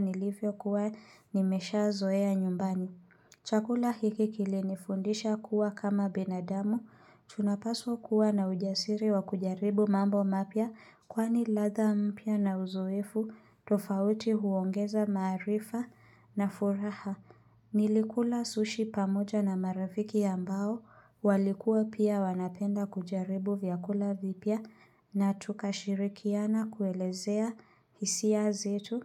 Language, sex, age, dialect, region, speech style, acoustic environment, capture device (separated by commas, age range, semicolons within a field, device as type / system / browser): Swahili, female, 25-34, Kenya - Nairobi Sheng-influenced, Kenya, relaxed, silence, mobile / Linux / Chrome